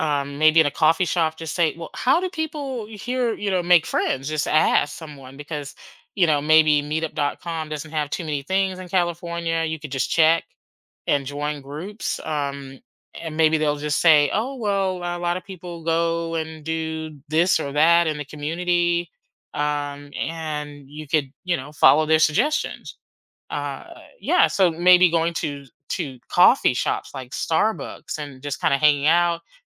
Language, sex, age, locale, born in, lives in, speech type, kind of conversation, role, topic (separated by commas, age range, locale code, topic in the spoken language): English, female, 50-54, en-US, United States, United States, conversation, advice, advisor, How do I meet people and build meaningful friendships after moving to a new city?